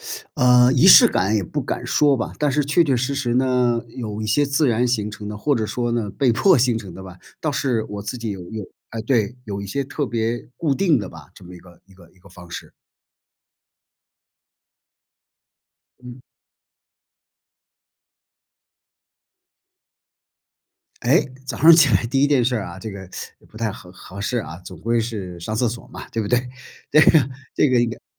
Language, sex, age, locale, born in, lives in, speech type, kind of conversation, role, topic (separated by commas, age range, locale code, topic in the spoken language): Chinese, male, 55-59, zh-CN, China, United States, conversation, podcast, guest, 你早上有没有必不可少的晨间仪式？